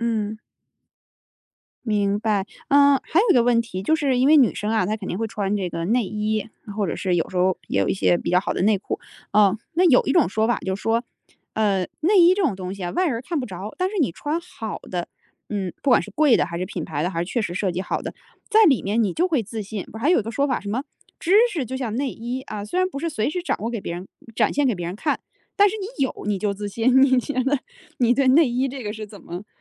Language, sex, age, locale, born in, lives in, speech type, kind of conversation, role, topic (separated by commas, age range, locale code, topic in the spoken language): Chinese, female, 35-39, zh-CN, China, United States, conversation, podcast, host, 穿衣会让你更有自信吗？